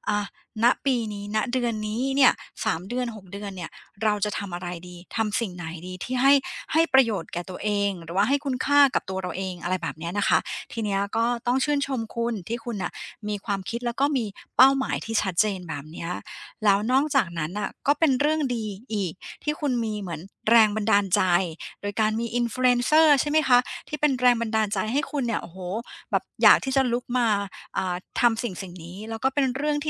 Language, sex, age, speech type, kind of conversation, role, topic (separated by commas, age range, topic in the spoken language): Thai, female, 40-44, conversation, advice, advisor, จะตั้งเป้าหมายให้เป็นจริงและวัดผลได้อย่างไร?